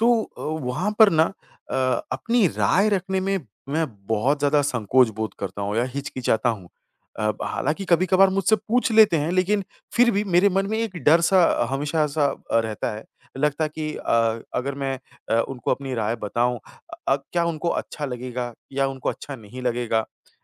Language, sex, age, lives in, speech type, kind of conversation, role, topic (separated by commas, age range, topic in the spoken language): Hindi, male, 30-34, India, conversation, advice, user, टीम मीटिंग में अपनी राय रखने का डर